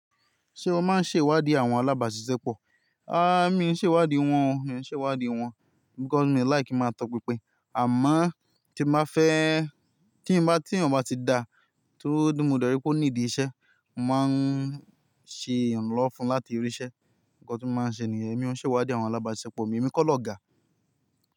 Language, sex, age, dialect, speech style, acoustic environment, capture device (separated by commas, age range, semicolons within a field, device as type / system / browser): Yoruba, male, 25-34, Nigeria - Ibadan, happy; excited; relaxed, nature, mobile / Linux / Chrome